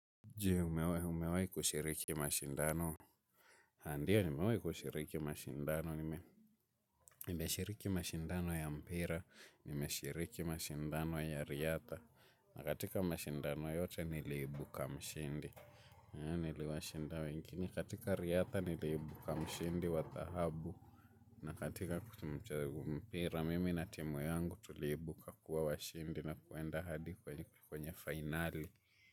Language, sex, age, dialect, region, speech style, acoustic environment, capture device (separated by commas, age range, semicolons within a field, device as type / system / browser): Swahili, male, 18-24, Kenya - Mombasa, Kenya, focused, silence, mobile / Linux / Chrome